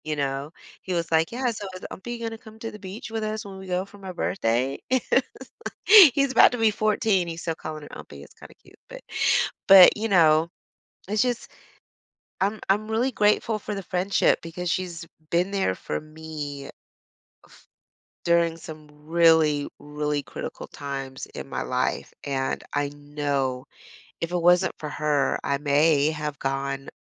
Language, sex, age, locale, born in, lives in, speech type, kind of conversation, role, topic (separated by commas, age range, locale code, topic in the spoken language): English, female, 45-49, en-US, United States, United States, conversation, advice, user, How can I express my gratitude and strengthen a close friendship?